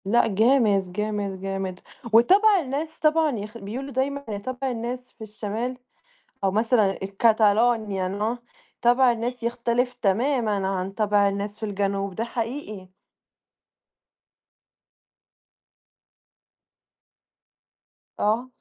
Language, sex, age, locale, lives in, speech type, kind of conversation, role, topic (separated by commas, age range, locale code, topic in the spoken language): Arabic, female, 20-24, ar-EG, Italy, conversation, unstructured, none, إزاي العيد بيجمع العيلة والأصحاب مع بعض؟